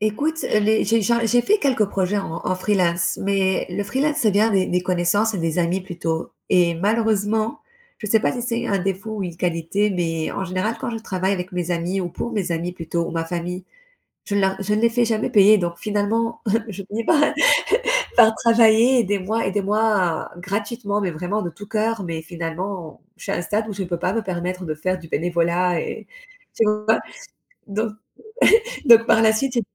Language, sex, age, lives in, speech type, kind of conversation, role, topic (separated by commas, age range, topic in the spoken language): French, female, 35-39, Spain, conversation, advice, user, Dois-je accepter un emploi mieux payé dans une autre ville malgré mes hésitations ?